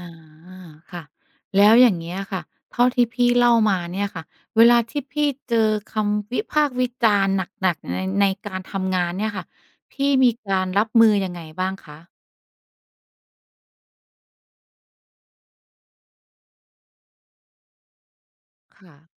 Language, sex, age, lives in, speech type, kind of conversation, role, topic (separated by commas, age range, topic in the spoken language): Thai, female, 35-39, Thailand, conversation, podcast, host, รับมือกับคำวิจารณ์อย่างไรบ้าง?